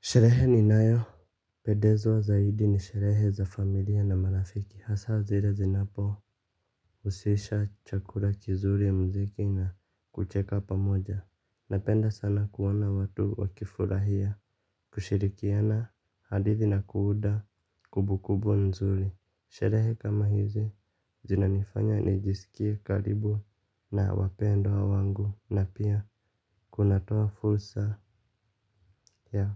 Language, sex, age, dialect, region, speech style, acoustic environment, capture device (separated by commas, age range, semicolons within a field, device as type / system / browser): Swahili, male, 25-34, Kenya - Nairobi Sheng-influenced, Kenya, relaxed, nature, mobile / Linux / Chrome